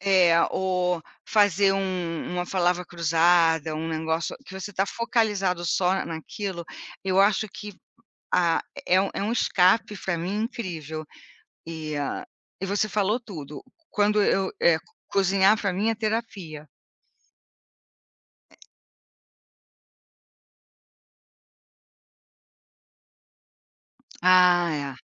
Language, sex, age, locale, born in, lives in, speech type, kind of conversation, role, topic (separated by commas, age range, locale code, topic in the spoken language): Portuguese, female, 60-64, pt-BR, Brazil, United States, conversation, unstructured, none, Qual é a importância de reservar momentos para descansar a mente?